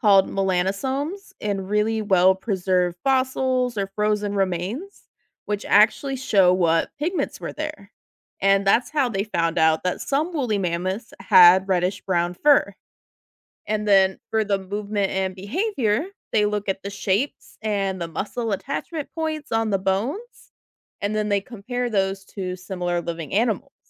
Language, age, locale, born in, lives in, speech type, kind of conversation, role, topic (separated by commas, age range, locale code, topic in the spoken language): English, 30-34, en-US, United States, United States, read, expert-assistant, expert, Which era would be more fascinating to witness: dinosaurs or giant mammals?